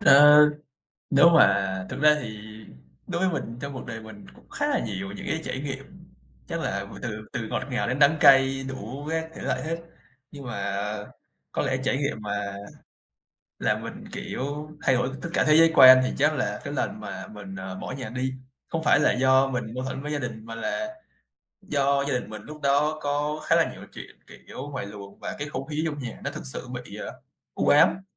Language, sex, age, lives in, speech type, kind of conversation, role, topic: Vietnamese, male, 20-24, Vietnam, conversation, podcast, guest, Bạn có thể kể về một trải nghiệm đã thay đổi cuộc đời bạn không?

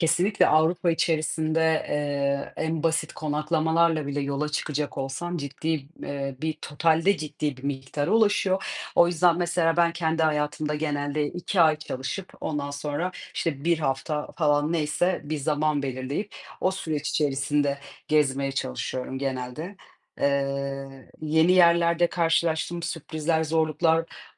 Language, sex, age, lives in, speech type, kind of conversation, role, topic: Turkish, female, 30-34, Portugal, conversation, unstructured, none, Yeni bir yere gitmek size neden heyecan verici geliyor?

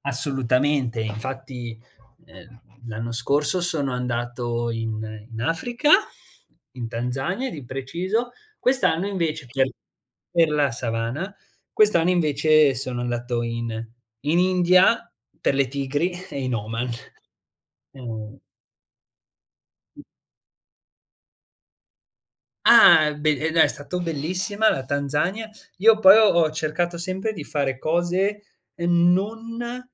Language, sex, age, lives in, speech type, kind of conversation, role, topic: Italian, male, 20-24, Italy, conversation, podcast, guest, Mi racconti un’esperienza nella natura che ricordi ancora?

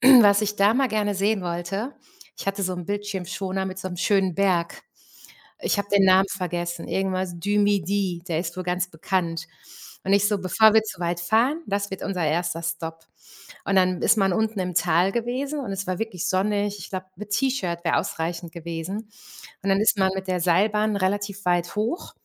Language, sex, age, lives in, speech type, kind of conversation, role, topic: German, female, 45-49, Germany, conversation, unstructured, none, Wenn du dich zwischen Sommer und Winter entscheiden müsstest, welche Jahreszeit würdest du wählen?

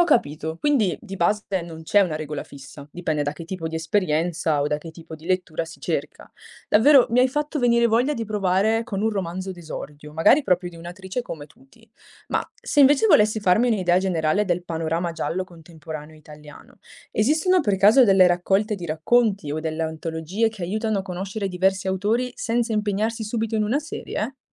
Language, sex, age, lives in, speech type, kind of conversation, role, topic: Italian, female, 20-24, Italy, read, expert-assistant, asker, Qual è un modo efficace per scegliere cosa leggere nella letteratura contemporanea?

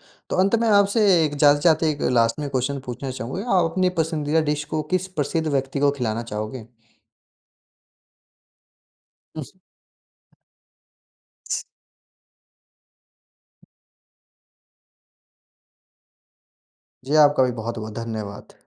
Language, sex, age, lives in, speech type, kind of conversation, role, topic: Hindi, male, 45-49, India, conversation, podcast, host, आपको अपनी संस्कृति के कौन-से व्यंजन सबसे अधिक पसंद हैं?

